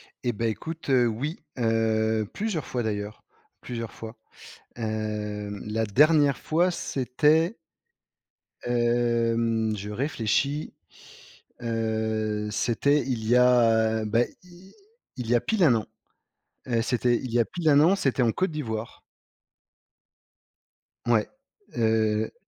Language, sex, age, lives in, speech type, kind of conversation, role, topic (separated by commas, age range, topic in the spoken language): French, male, 35-39, France, conversation, podcast, guest, As-tu déjà été invité·e chez quelqu’un à l’étranger ?